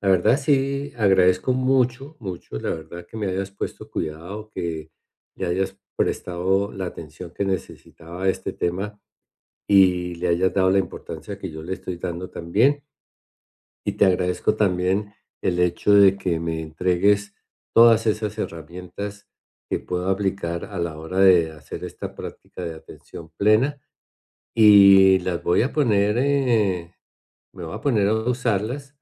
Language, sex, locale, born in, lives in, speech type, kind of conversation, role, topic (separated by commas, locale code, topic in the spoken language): Spanish, male, es-CO, Colombia, United States, conversation, advice, user, ¿Cómo puedo mantener la constancia en mi práctica diaria de atención plena?